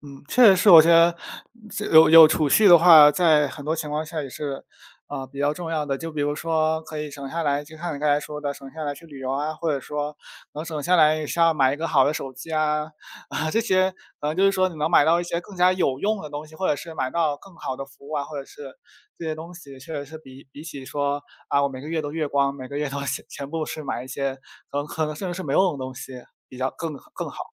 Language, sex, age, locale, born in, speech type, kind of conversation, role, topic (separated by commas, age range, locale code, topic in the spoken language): Chinese, male, 20-24, zh-CN, China, conversation, unstructured, none, 你觉得理财和消费应该如何平衡？